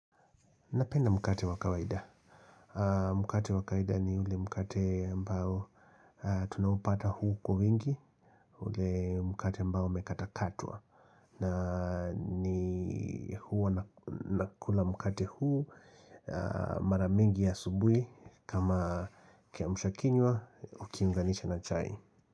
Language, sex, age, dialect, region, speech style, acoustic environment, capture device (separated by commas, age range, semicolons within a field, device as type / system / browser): Swahili, male, 35-44, Kenya - Nairobi Sheng-influenced, Kenya, relaxed, silence, mobile / Linux / Chrome